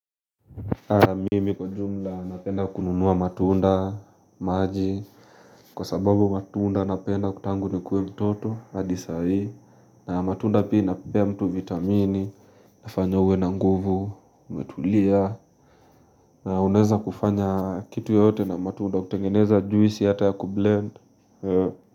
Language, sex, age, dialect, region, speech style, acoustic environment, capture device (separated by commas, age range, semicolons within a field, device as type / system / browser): Swahili, male, 18-24, Kenya - Nairobi Sheng-influenced, Kenya, relaxed, silence, mobile / Linux / Chrome